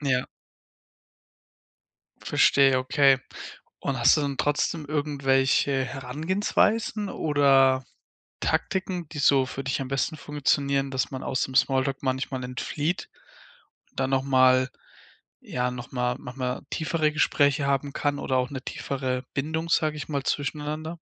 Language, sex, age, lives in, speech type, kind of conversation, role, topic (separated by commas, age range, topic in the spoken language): German, male, 20-24, Germany, conversation, podcast, host, Wie nutzt du deine Nachbarschaft oder lokale Gruppen, um Kontakte zu knüpfen und zu pflegen?